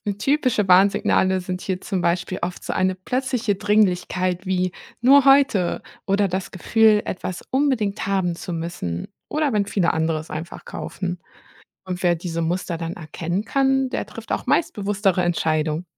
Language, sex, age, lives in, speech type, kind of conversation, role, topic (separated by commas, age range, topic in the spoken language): German, female, 30-34, Germany, read, expert-assistant, expert, Wie erkenne ich echte Angebote und vermeide Marketing-Tricks beim Einkaufen?